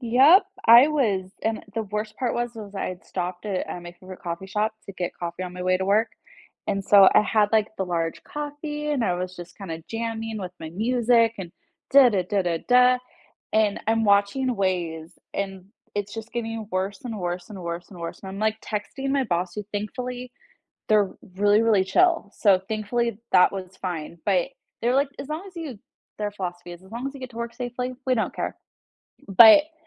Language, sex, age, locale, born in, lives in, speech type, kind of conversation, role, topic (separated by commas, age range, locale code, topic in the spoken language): English, female, 30-34, en-US, United States, United States, conversation, unstructured, none, How do small moments of happiness shape your everyday life?